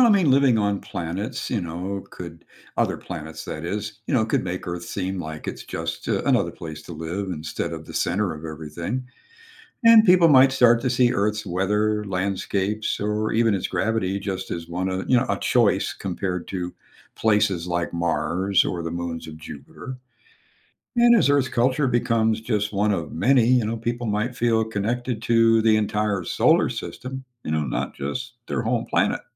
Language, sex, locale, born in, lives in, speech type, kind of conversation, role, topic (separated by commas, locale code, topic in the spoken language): English, male, en-US, United States, United States, read, expert-assistant, expert, What if humans could live on other planets as easily as on Earth?